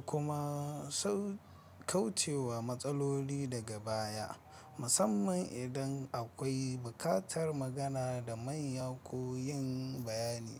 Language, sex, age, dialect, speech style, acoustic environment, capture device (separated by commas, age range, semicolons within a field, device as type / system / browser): Hausa, male, 25-34, Nigeria - Nigerian Standard, anxious, traffic, mobile / Linux / Chrome